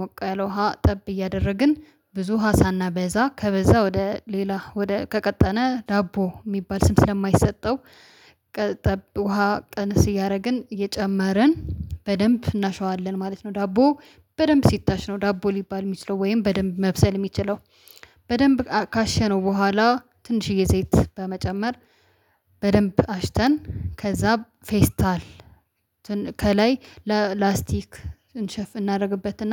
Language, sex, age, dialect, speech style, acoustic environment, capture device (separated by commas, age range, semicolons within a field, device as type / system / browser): Amharic, female, 25-34, Ethiopia - Addis Ababa, happy, silence, mobile / Linux / Chrome